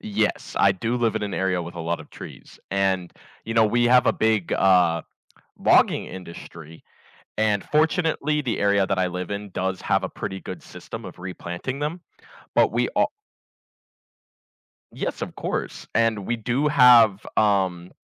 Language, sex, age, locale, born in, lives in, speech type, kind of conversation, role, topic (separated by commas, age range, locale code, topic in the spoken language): English, male, 20-24, en-US, United States, United States, conversation, podcast, guest, Why are trees essential for a healthy environment?